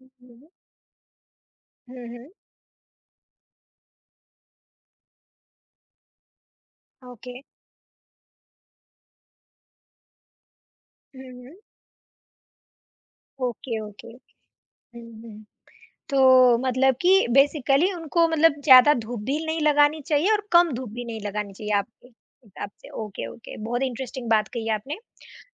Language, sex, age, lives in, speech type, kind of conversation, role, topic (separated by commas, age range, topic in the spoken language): Hindi, female, 20-24, India, conversation, podcast, host, Batao, compost banana shuru karne ka sabse aasaan tareeka kya hai?